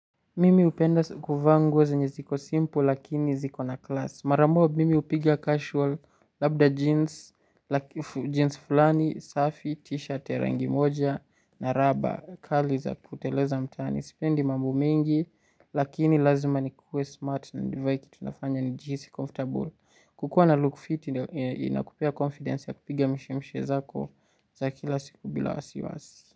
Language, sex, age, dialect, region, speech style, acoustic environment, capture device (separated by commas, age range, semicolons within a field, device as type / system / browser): Swahili, male, 18-24, Kenya - Nairobi Sheng-influenced, Kenya, relaxed, silence, desktop / Linux / Chrome